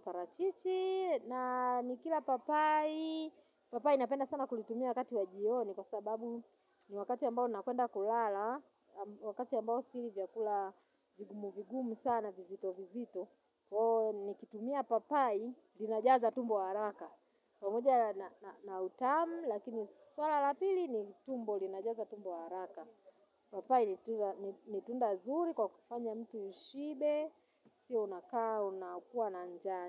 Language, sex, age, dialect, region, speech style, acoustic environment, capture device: Swahili, female, 35-44, Tanzania - Dar es Salaam, Tanzania, nervous, silence, mobile / Linux / Chrome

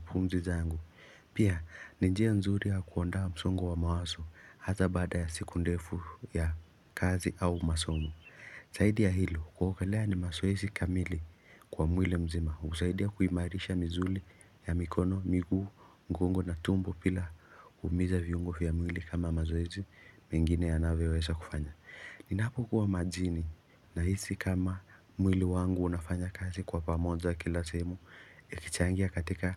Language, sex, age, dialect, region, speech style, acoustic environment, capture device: Swahili, male, 18-24, Kenya - Nairobi Sheng-influenced, Kenya, focused, silence, mobile / Linux / Chrome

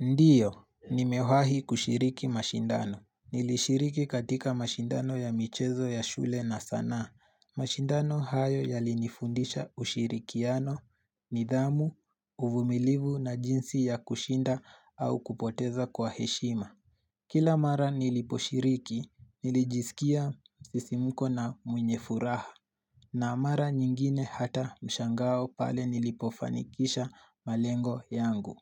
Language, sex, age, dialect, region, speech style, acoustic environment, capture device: Swahili, male, 18-24, Kenya - Nairobi Sheng-influenced, Kenya, relaxed, silence, mobile / Linux / Chrome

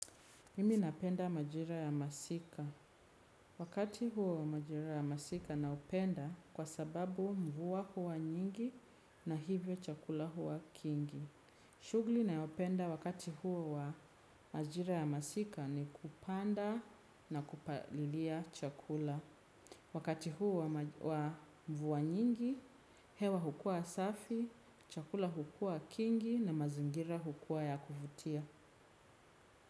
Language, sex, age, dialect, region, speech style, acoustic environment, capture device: Swahili, female, 25-34, Kenya - Nairobi Sheng-influenced, Kenya, relaxed, silence, desktop / Windows / Chrome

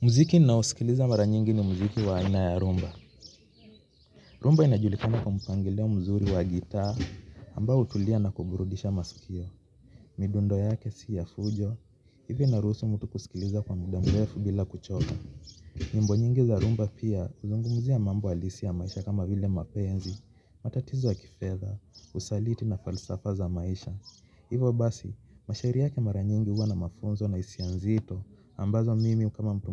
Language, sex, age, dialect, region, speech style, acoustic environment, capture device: Swahili, male, 25-34, Kenya - Mombasa, Kenya, relaxed, people talking; traffic; nature, mobile / Linux / Chrome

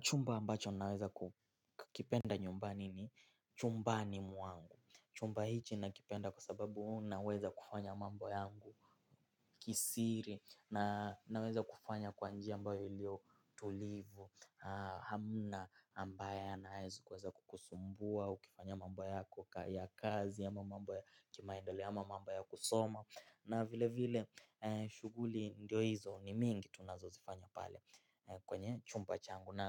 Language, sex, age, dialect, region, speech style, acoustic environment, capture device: Swahili, male, 18-24, Kenya - Mombasa, Kenya, excited; focused; happy; relaxed, silence, mobile / Linux / Chrome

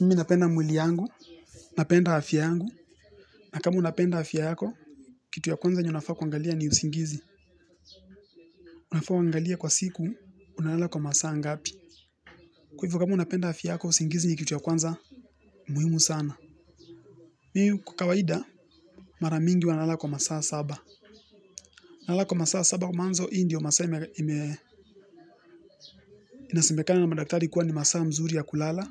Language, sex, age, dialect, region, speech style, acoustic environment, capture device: Swahili, male, 18-24, Kenya - Nairobi Sheng-influenced, Kenya, relaxed, music; nature; appliances; construction; people talking, mobile / Linux / Chrome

